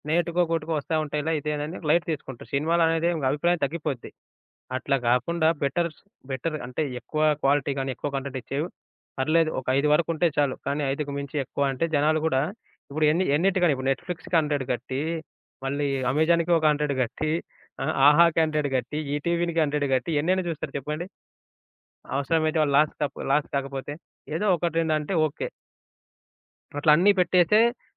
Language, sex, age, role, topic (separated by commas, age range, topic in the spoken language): Telugu, male, 25-29, guest, స్ట్రీమింగ్ సేవలు వచ్చిన తర్వాత మనం సినిమాలు చూసే విధానం ఎలా మారింది?